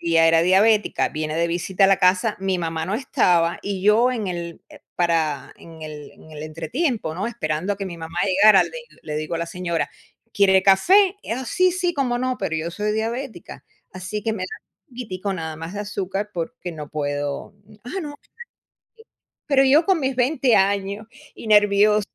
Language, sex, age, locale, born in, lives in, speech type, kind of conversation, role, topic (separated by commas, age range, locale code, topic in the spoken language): Spanish, female, 55-59, es-US, Cuba, United States, conversation, unstructured, none, ¿Alguna vez has tenido una experiencia divertida o rara con la comida?